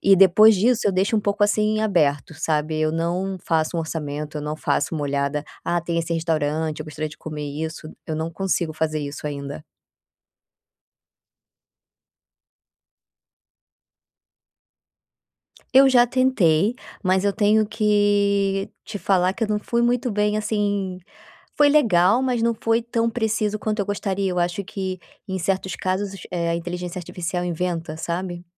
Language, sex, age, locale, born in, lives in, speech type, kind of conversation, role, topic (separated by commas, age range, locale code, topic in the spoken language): Portuguese, female, 30-34, pt-BR, Brazil, Spain, conversation, advice, user, Como posso planejar melhor viagens e passeios sem me sentir sobrecarregado(a)?